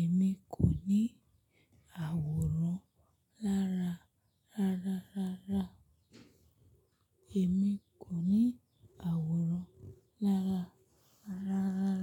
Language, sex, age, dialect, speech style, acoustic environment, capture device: Yoruba, female, 25-34, Nigeria - Lagos Yoruba, focused, nature, mobile / Linux / Chrome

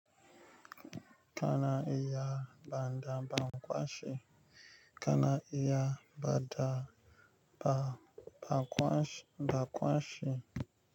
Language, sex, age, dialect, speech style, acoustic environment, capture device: Hausa, male, 25-34, Nigeria - Nigerian Standard, relaxed, nature, mobile / Linux / Chrome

